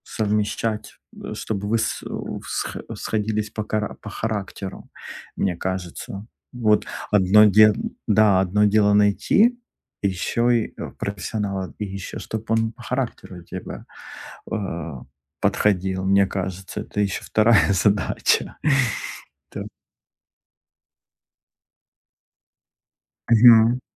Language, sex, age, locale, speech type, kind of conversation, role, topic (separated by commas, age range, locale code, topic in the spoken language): Russian, male, 35-39, ru-RU, conversation, advice, user, Как сохранить мотивацию для долгих регулярных занятий спортом?